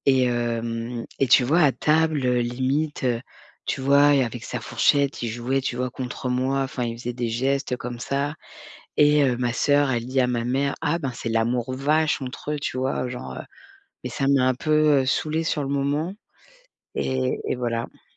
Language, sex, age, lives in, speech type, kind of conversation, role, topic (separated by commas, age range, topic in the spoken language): French, female, 40-44, France, conversation, advice, user, Comment préserver mon estime de moi quand on me critique ?